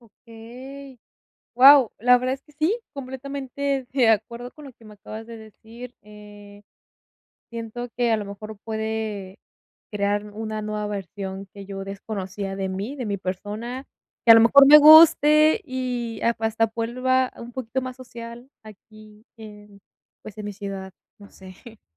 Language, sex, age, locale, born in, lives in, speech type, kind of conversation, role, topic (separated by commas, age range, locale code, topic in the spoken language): Spanish, female, 20-24, es-MX, Mexico, Mexico, conversation, advice, user, ¿Cómo puedo manejar la ansiedad cuando enfrento lo desconocido?